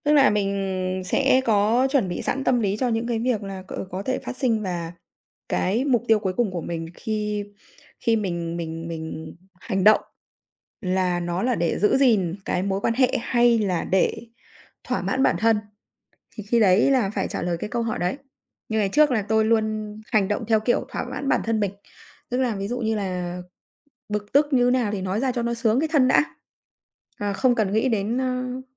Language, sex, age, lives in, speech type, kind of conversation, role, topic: Vietnamese, female, 35-39, Vietnam, conversation, podcast, guest, Làm sao để giữ mối quan hệ tốt với nhà chồng hoặc nhà vợ?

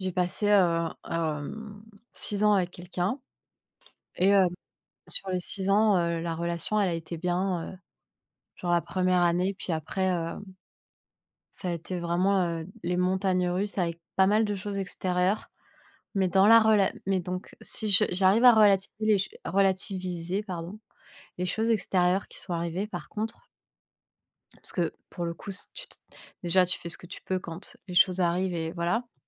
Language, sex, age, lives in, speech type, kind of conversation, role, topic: French, female, 30-34, France, conversation, unstructured, none, Penses-tu qu’il faille se battre pour sauver une relation ?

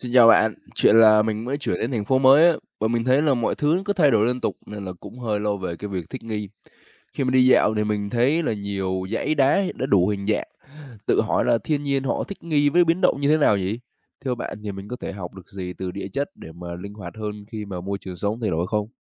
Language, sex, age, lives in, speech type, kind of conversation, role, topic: Vietnamese, male, 20-24, Vietnam, read, expert-assistant, asker, Từ việc quan sát địa chất, mình có thể rút ra bài học nào về thích nghi khi môi trường sống thay đổi?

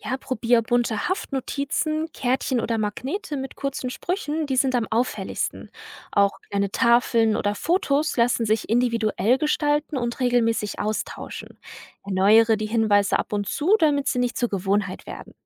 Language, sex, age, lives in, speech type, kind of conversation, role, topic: German, female, 20-24, Germany, read, expert-assistant, expert, Wie bringe ich mehr Freude und Entspannung in meine Haus- und Gartenroutine?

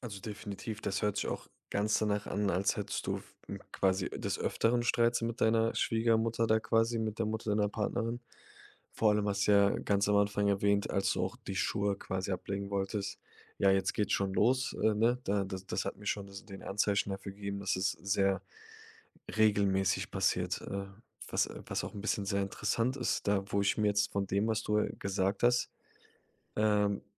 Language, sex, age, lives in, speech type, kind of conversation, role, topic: German, male, 25-29, Germany, conversation, advice, advisor, Warum geraten wir wegen Kleinigkeiten immer wieder in Streit, der kein klares Ende findet?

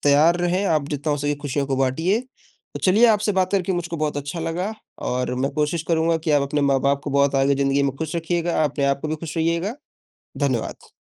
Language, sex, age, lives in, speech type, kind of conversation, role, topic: Hindi, male, 20-24, India, conversation, unstructured, none, क्या आप मानते हैं कि खुशी दूसरों के साथ बाँटने से बढ़ती है?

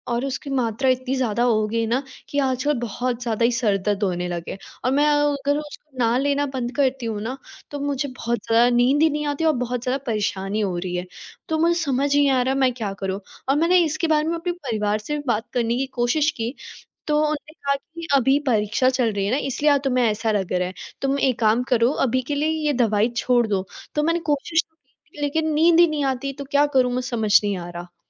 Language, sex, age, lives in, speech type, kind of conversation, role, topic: Hindi, female, 18-19, India, conversation, advice, user, नींद की गोलियों पर आपकी निर्भरता क्यों बढ़ रही है और इसे लेकर आपको क्या चिंता है?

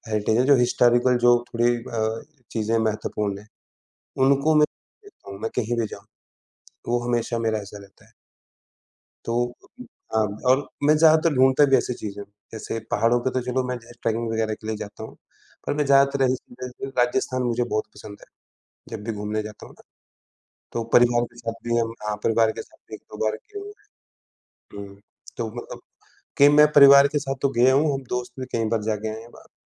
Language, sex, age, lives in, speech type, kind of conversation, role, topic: Hindi, male, 35-39, India, conversation, unstructured, none, आपकी सबसे यादगार पारिवारिक छुट्टियाँ कौन-सी हैं?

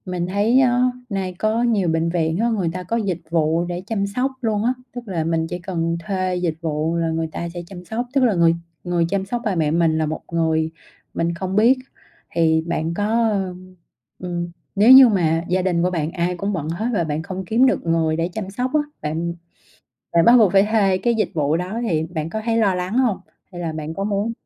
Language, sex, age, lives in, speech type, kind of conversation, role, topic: Vietnamese, female, 30-34, Vietnam, conversation, podcast, host, Khi cần chăm sóc bố mẹ già, bạn sẽ quyết định như thế nào?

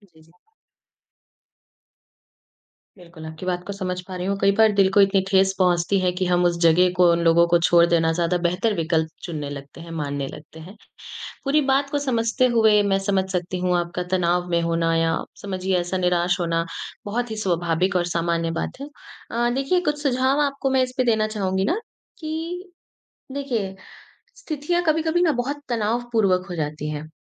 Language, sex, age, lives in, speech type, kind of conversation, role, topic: Hindi, female, 20-24, India, conversation, advice, advisor, बॉस द्वारा सार्वजनिक रूप से आलोचना किए जाने पर मुझे शर्म या गुस्सा क्यों आता है?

